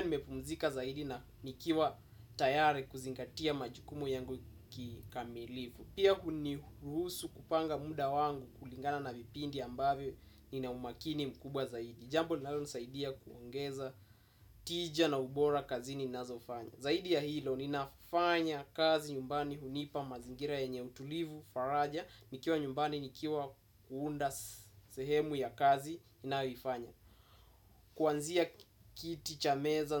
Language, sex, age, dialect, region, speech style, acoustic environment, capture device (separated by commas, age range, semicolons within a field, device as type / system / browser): Swahili, male, 18-24, Kenya - Mombasa, Kenya, happy, silence, desktop / Windows / Chrome